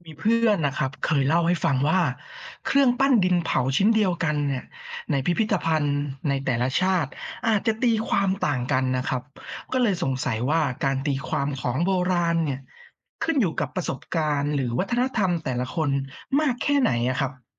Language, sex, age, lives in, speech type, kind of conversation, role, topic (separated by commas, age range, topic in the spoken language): Thai, male, 30-34, Thailand, read, expert-assistant, asker, ของโบราณหนึ่งชิ้นสามารถมีหลายความหมายได้จริงหรือเปล่า แล้วใครเป็นคนตัดสิน?